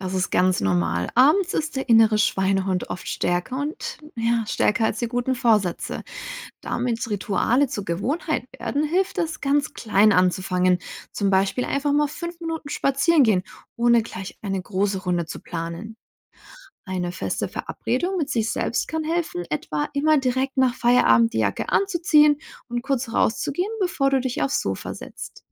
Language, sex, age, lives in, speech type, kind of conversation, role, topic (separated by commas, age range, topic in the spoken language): German, female, 60-64, Germany, read, expert-assistant, expert, Wie kann man nach einem Arbeitstag besser abschalten?